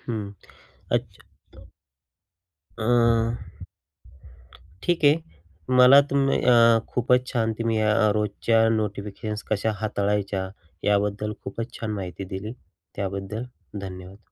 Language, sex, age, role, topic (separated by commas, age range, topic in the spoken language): Marathi, male, 35-39, host, तुम्ही रोजच्या सूचना कशा हाताळता?